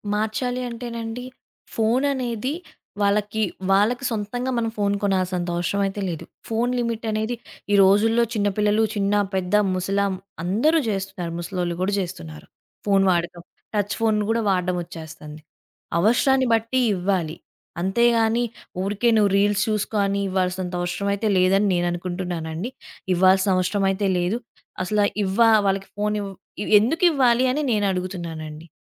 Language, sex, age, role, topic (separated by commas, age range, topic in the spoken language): Telugu, female, 20-24, guest, మీ ఇంట్లో టీవీ లేదా ఫోన్ వాడే సమయానికి ఎలాంటి నియమాలు ఉన్నాయి?